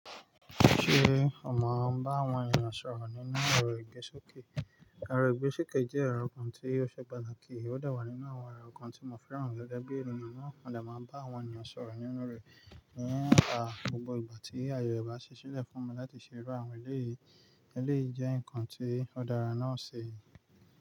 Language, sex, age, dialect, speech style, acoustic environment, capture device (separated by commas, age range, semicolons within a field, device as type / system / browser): Yoruba, male, 25-34, Nigeria - Nigerian Standard, excited, nature, mobile / Linux / Chrome